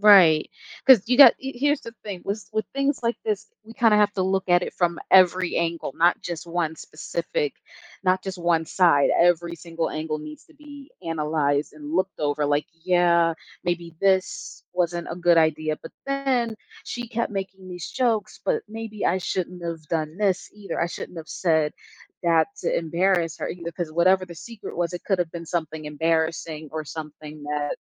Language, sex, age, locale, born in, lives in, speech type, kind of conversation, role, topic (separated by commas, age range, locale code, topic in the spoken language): English, female, 25-29, en-US, United States, United States, conversation, advice, advisor, How can I resolve a disagreement with a close friend?